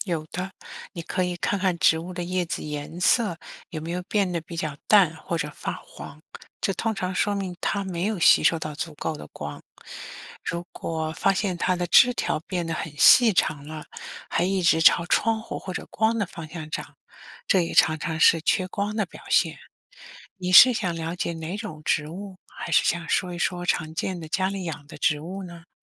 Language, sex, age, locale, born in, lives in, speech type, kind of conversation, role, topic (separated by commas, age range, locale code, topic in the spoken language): Chinese, female, 55-59, zh-CN, China, United States, read, expert-assistant, expert, 为什么有些植物需要很多阳光？